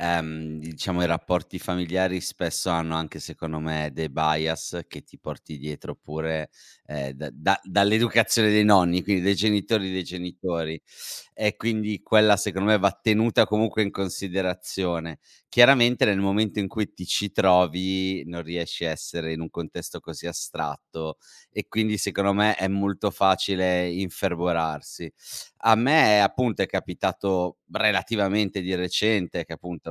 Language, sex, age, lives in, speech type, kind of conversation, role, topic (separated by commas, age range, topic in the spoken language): Italian, male, 35-39, Italy, conversation, podcast, guest, Cosa puoi fare quando la tua famiglia non approva le tue scelte?